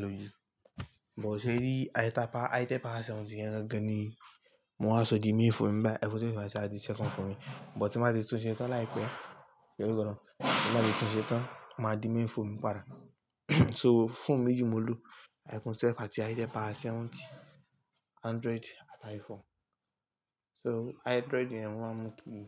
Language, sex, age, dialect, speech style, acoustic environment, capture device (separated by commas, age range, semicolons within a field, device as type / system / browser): Yoruba, male, 18-24, Nigeria - Lagos Yoruba, relaxed, appliances, mobile / Linux / Chrome